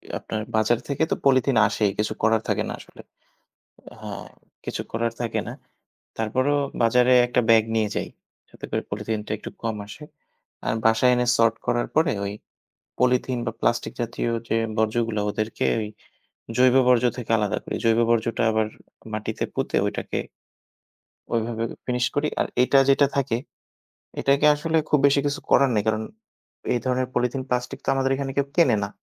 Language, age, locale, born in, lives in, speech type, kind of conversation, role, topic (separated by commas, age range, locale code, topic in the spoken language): Bengali, 30-34, bn-BD, Bangladesh, Bangladesh, conversation, unstructured, none, জলবায়ু পরিবর্তন সম্পর্কে সাধারণ মানুষের সচেতনতা কতটা?